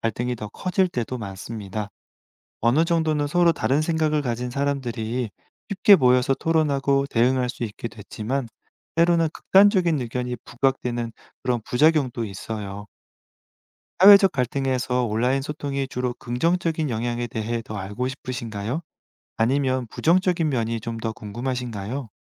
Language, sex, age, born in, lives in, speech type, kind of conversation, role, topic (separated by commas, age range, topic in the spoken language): Korean, male, 35-39, South Korea, France, read, expert-assistant, expert, 인터넷이 사회적 소통에 미친 변화는 무엇인가요?